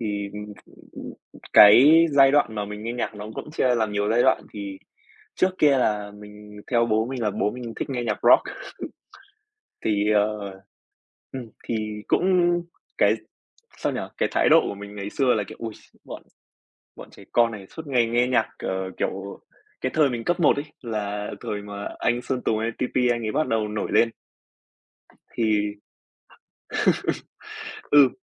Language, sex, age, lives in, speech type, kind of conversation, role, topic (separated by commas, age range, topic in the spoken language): Vietnamese, male, 20-24, Vietnam, conversation, podcast, guest, Bạn có thể kể về một bài hát mà bạn nghe đi nghe lại hoài vẫn không chán không?